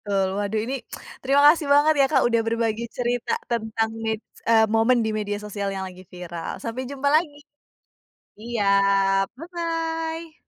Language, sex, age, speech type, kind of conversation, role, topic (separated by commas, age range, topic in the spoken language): Indonesian, female, 30-34, conversation, podcast, host, Ceritakan momen viral di media sosial yang paling kamu ingat?